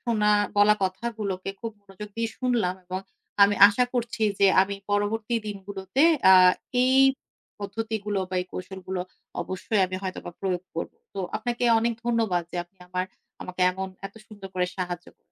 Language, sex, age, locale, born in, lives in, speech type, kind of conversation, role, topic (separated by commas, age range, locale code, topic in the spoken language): Bengali, female, 35-39, bn-BD, Bangladesh, Finland, conversation, advice, user, পরিবার ও বন্ধুদের থেকে দূরে থাকার কারণে কি আপনার দুঃখ বা অপরাধবোধ হয়?